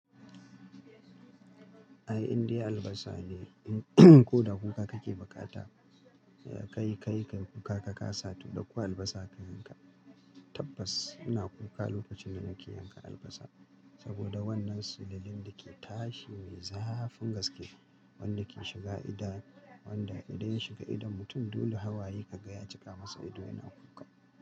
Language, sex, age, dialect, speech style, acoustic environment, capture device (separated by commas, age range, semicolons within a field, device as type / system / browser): Hausa, male, 35-44, Nigeria - Kano, relaxed; happy, silence, mobile / Linux / Chrome